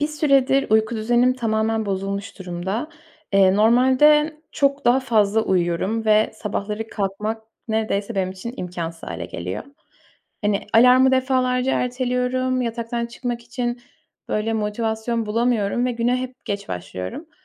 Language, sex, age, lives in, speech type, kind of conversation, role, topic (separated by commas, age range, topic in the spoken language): Turkish, female, 20-24, Poland, conversation, advice, user, Aşırı uyuyup sabahları zor uyanmanızın nedenleri neler olabilir?